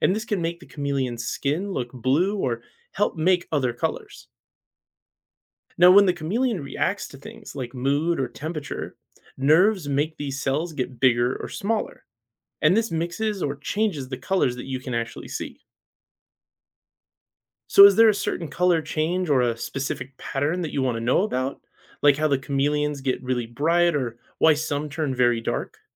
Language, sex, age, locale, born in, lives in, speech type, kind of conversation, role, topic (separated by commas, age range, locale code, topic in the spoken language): English, male, 35-39, en-US, United States, United States, read, expert-assistant, expert, What causes chameleons to change color?